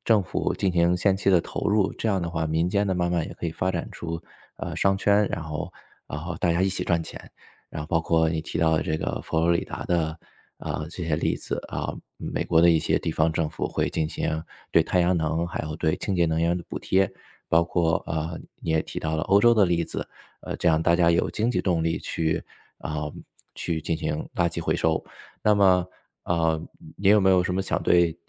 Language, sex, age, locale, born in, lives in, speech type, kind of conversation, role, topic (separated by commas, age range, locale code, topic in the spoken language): Chinese, male, 35-39, zh-CN, China, United States, conversation, podcast, host, 你如何看待家乡生态环境的变化？